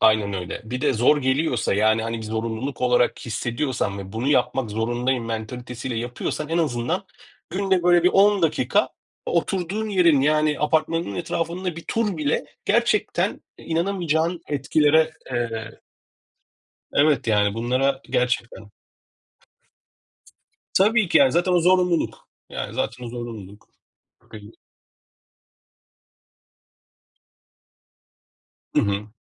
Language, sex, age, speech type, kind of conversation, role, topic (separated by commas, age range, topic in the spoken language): Turkish, male, 40-44, conversation, unstructured, none, Sağlıklı bir yaşam için egzersiz yapmamak ne gibi riskler yaratır?